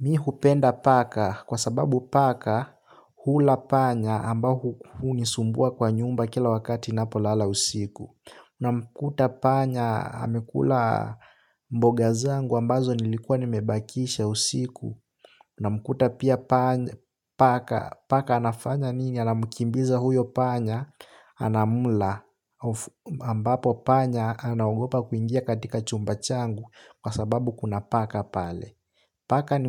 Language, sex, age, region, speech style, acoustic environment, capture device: Swahili, male, 18-24, Kenya, relaxed, nature; silence, desktop / Linux / Chrome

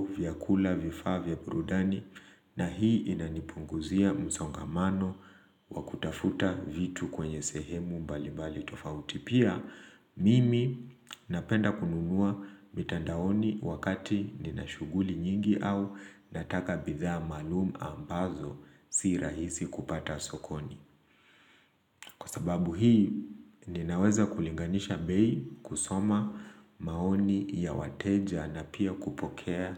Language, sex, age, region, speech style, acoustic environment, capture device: Swahili, male, 25-34, Kenya, relaxed, nature, mobile / Linux / Chrome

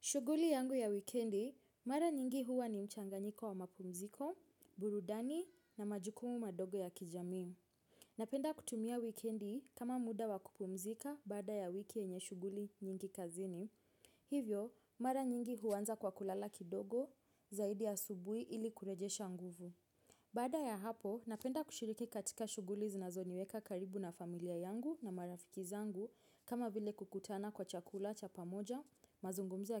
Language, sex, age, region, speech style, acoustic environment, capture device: Swahili, female, 25-34, Kenya, focused, silence, mobile / Linux / Chrome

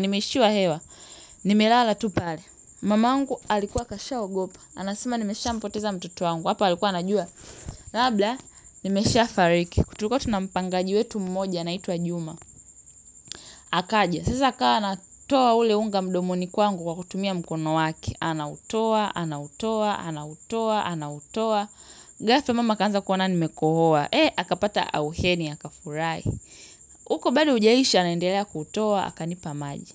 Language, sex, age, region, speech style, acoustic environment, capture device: Swahili, female, 18-24, Tanzania, happy, silence, mobile / Linux / Chrome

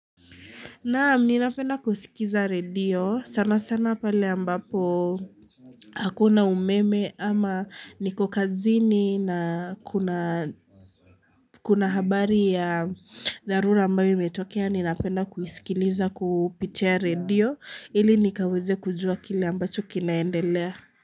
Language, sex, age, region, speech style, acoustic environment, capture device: Swahili, female, 18-24, Kenya, happy, people talking, mobile / Linux / Chrome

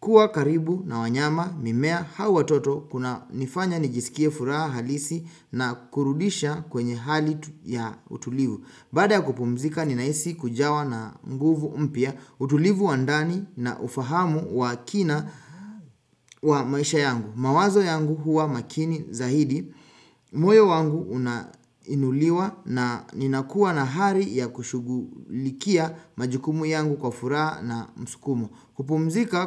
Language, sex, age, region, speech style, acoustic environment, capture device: Swahili, male, 25-34, Kenya, relaxed; focused, silence, desktop / Windows / Chrome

